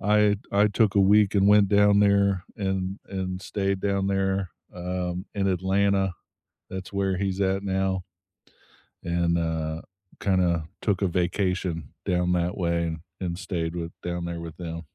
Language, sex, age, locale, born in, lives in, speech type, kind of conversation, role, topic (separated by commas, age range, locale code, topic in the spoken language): English, male, 35-39, en-US, United States, United States, conversation, unstructured, none, What are your favorite ways to stay active with friends and feel more connected?